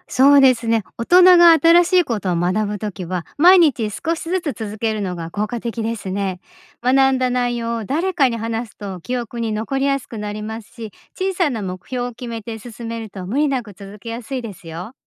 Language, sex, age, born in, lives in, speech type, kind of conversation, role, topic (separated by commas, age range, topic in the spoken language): Japanese, female, 50-54, Japan, Japan, read, expert-assistant, expert, 大人になってからの学び直しに、どんな方法や工夫が効果的だと思いますか？